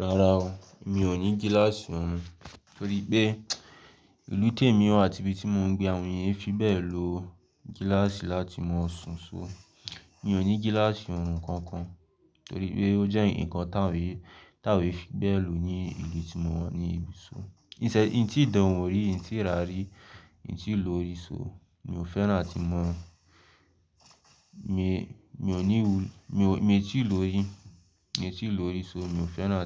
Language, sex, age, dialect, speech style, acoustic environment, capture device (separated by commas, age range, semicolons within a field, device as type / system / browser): Yoruba, male, 18-24, Nigeria - Lagos, anxious; focused, people talking; silence, mobile / Linux / Chrome